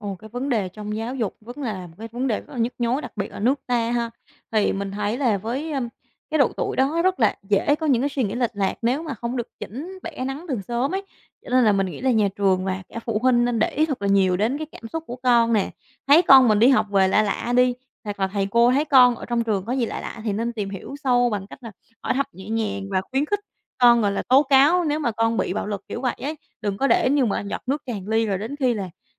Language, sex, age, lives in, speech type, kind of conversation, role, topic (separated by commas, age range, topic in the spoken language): Vietnamese, female, 25-29, Vietnam, conversation, podcast, guest, Bạn làm thế nào để không so sánh mình với người khác?